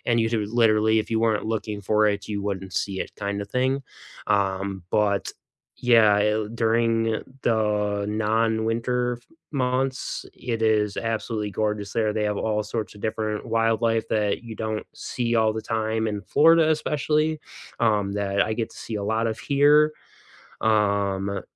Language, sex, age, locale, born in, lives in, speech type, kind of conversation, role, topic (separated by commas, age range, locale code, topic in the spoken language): English, male, 35-39, en-US, United States, United States, conversation, unstructured, none, When friends visit your town, what’s the first place you love to show them, and why?